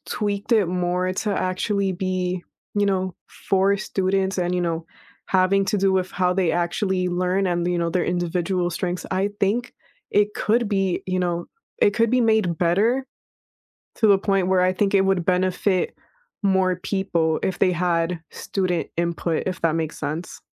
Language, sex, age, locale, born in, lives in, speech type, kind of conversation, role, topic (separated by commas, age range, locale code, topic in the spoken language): English, female, 20-24, en-US, United States, United States, conversation, unstructured, none, Do you think standardized tests are a good way to measure learning?